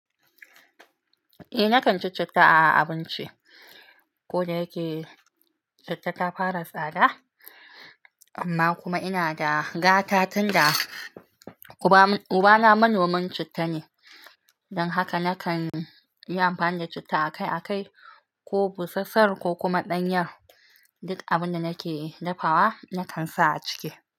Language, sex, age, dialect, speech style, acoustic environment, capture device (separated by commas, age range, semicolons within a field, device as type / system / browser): Hausa, female, 25-34, Nigeria - Nigerian Standard, relaxed, silence, mobile / Linux / Chrome